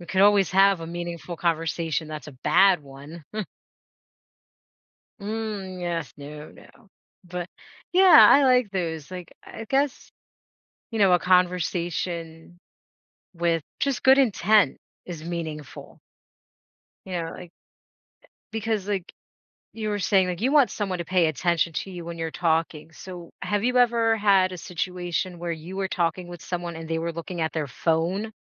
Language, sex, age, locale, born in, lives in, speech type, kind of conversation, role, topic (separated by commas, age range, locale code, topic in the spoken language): English, female, 40-44, en-US, United States, United States, conversation, podcast, host, What helps turn an ordinary conversation into a truly meaningful one?